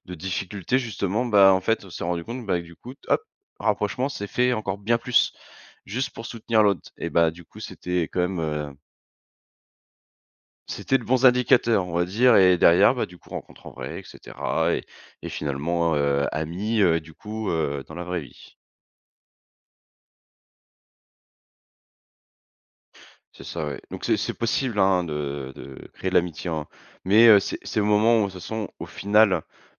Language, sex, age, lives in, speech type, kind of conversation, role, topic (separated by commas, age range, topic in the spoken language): French, male, 30-34, France, conversation, podcast, guest, Comment compares-tu les amitiés en ligne et les amitiés dans la vie réelle ?